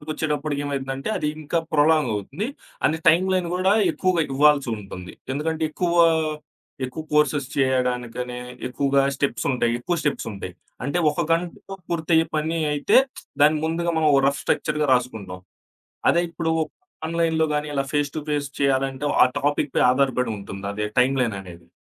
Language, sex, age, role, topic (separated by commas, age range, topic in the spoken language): Telugu, male, 25-29, guest, ఒక అంశంపై సరళమైన చిన్న కోర్సును ఎలా ప్రణాళిక చేయాలి?